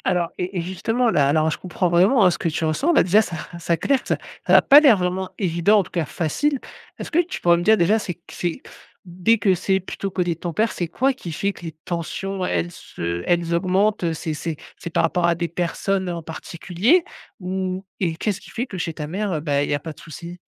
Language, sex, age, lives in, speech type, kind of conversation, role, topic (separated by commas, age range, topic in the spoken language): French, male, 35-39, France, conversation, advice, advisor, Comment gérer les disputes et les tensions lors des fêtes et des événements familiaux ?